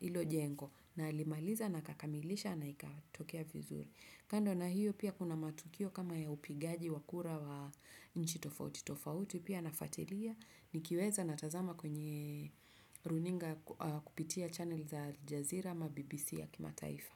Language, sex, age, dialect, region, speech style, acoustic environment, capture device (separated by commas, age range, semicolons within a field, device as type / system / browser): Swahili, female, 35-44, Kenya - Nairobi Sheng-influenced, Kenya, focused, nature; silence; appliances, desktop / Windows / Chrome